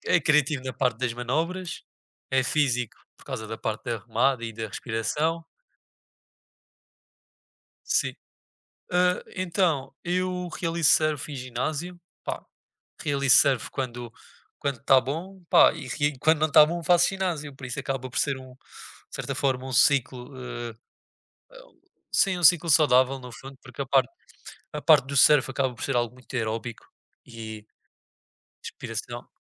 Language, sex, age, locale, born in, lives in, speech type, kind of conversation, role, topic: Portuguese, male, 20-24, pt-PT, Portugal, Portugal, conversation, unstructured, none, O que faz um hobby ser especial para você?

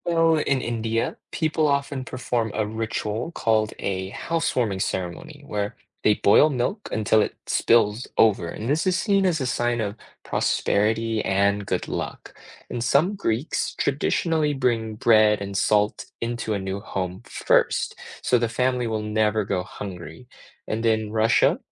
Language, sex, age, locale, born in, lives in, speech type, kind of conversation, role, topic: English, male, 25-29, en-US, United States, United States, read, expert-assistant, expert, What are some unique ways to celebrate a new home in different cultures?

